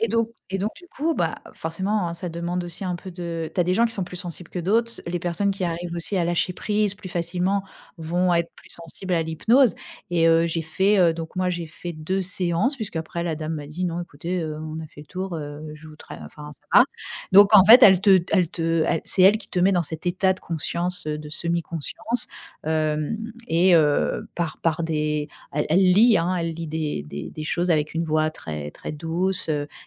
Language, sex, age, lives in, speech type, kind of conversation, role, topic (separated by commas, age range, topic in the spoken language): French, female, 45-49, France, conversation, podcast, guest, Comment trouver des personnes avec qui tu te sens vraiment à l’aise ?